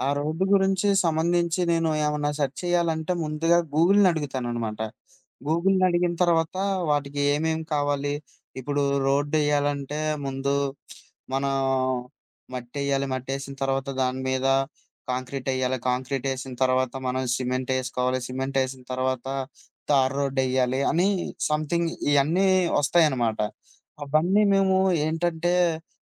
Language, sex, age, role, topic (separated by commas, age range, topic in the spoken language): Telugu, male, 25-29, guest, ఒక అంశానికి సరైన సమాచార వనరులను మీరు ఎలా ఎంచుకుంటారు?